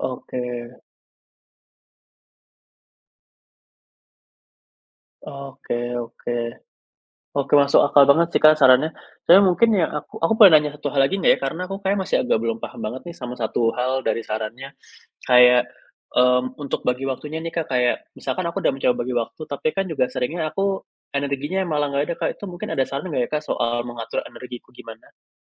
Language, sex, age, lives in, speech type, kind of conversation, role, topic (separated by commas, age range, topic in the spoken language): Indonesian, male, 25-29, Indonesia, conversation, advice, user, Bagaimana cara menyeimbangkan tugas rutin dengan waktu kreatif setiap hari?